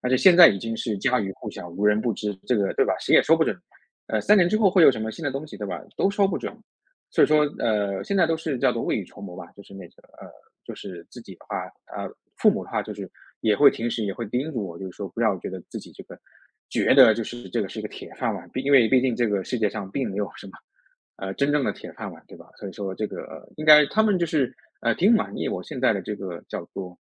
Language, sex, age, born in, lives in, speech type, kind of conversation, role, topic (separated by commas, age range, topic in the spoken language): Chinese, male, 30-34, China, United States, conversation, podcast, guest, 老实说，你的工作能给你带来安全感吗？